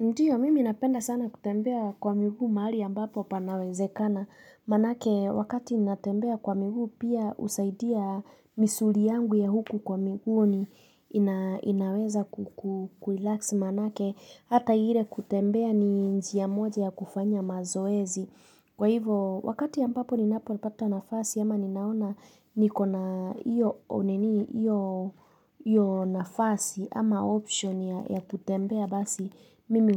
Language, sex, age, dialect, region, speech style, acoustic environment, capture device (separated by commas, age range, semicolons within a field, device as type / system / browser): Swahili, female, 25-34, Kenya - Nairobi Sheng-influenced, Kenya, relaxed, nature, mobile / Linux / Chrome